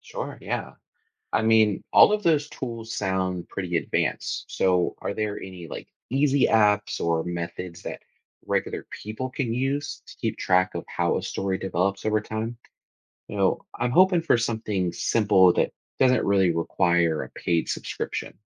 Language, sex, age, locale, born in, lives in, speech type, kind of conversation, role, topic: English, male, 30-34, en-US, United States, United States, read, expert-assistant, asker, How can international news be organized for big-picture understanding?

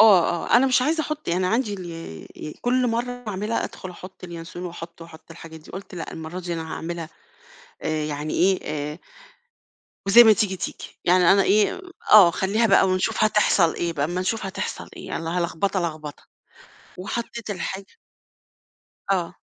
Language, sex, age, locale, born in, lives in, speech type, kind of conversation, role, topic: Arabic, female, 50-54, ar-EG, Egypt, Portugal, conversation, podcast, guest, احكيلي عن موقف خلّطتي فيه مكوّنات بالغلط وطلع الطعم أحلى؟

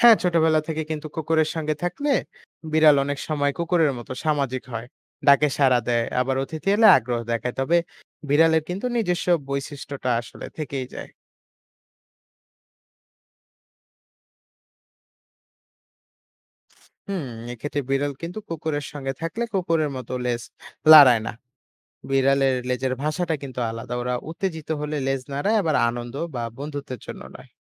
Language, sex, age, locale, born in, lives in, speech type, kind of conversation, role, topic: Bengali, male, 20-24, bn-BD, Bangladesh, Bangladesh, read, expert-assistant, expert, কুকুর বা বিড়ালের কোন জাতগুলোকে একত্র করলে নতুন কোন মজার গুণ বা বৈশিষ্ট্য আসতে পারে বলে আপনি ভাবেন?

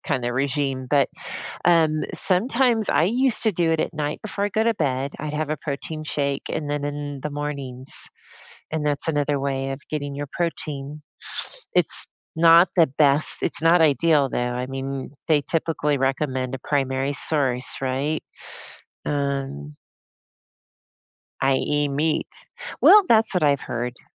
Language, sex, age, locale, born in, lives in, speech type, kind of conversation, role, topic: English, female, 55-59, en-US, United States, United States, conversation, unstructured, none, How should I respond when people judge me for what I eat?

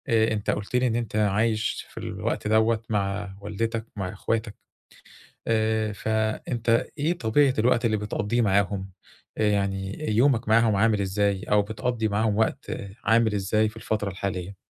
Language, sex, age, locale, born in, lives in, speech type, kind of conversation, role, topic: Arabic, male, 40-44, ar-EG, Egypt, Egypt, conversation, advice, advisor, إزاي أتعامل مع إحساس الحزن وقت الوداع والانتقال؟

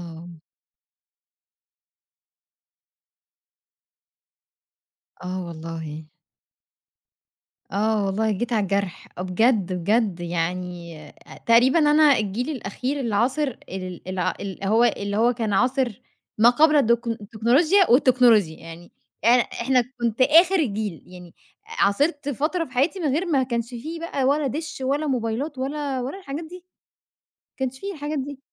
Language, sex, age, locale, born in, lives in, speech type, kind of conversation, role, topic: Arabic, female, 25-29, ar-EG, Egypt, Egypt, conversation, podcast, guest, إيه هي الأغنية اللي بتفكّرك بطفولتك وليه؟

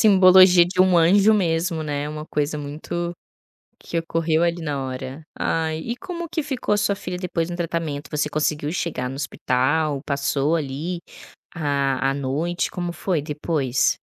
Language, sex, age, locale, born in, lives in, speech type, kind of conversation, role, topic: Portuguese, female, 25-29, pt-BR, Brazil, Spain, conversation, podcast, host, Você já teve um encontro que te surpreendeu pela gentileza?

